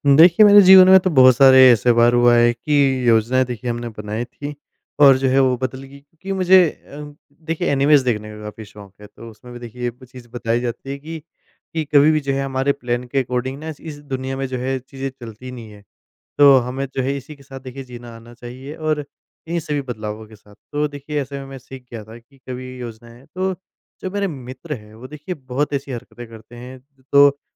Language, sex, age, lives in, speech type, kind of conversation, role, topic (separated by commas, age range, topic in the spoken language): Hindi, male, 25-29, India, conversation, podcast, guest, कभी अचानक योजना बदलनी पड़ी तो आपने क्या सीखा?